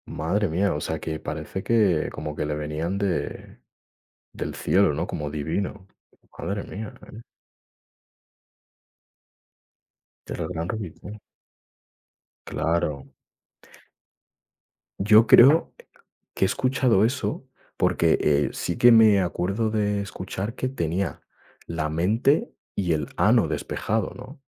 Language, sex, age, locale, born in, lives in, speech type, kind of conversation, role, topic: Spanish, male, 20-24, es-ES, Spain, Spain, conversation, unstructured, none, ¿Cómo integras la meditación en tu día a día?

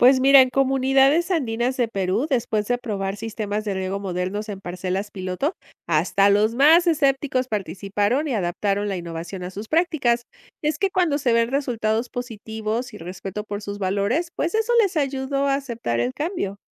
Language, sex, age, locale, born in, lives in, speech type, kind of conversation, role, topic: Spanish, female, 50-54, es-MX, Mexico, Mexico, read, expert-assistant, expert, ¿Qué historias confiables hay sobre antropólogos ayudando a comunidades?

